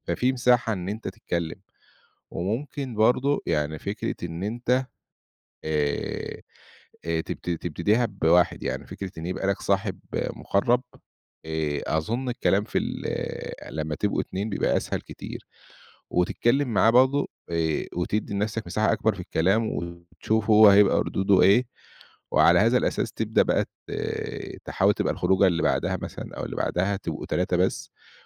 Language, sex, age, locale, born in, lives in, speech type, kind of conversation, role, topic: Arabic, male, 30-34, ar-EG, Egypt, Egypt, conversation, advice, advisor, إزاي أتغلب على خوفي من الكلام في الاجتماعات أو قدام ناس كتير؟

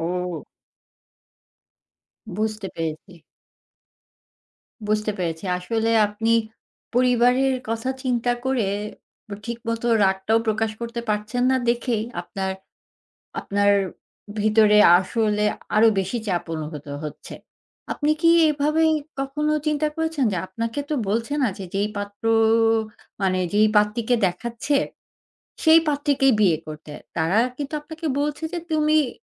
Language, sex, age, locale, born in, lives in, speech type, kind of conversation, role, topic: Bengali, female, 40-44, bn-BD, Bangladesh, Finland, conversation, advice, advisor, পরিবারের চাপের কারণে আপনাকে দ্রুত বিয়ে করতে বলা হচ্ছে—এটা আপনি কীভাবে বর্ণনা করবেন?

